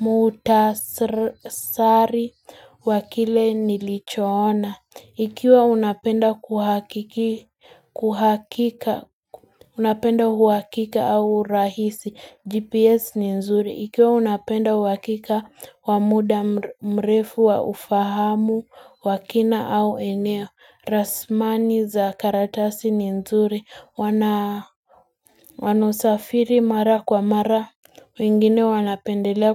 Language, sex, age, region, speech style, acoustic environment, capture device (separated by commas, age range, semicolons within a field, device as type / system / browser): Swahili, female, 18-24, Kenya, nervous, nature, mobile / Linux / Chrome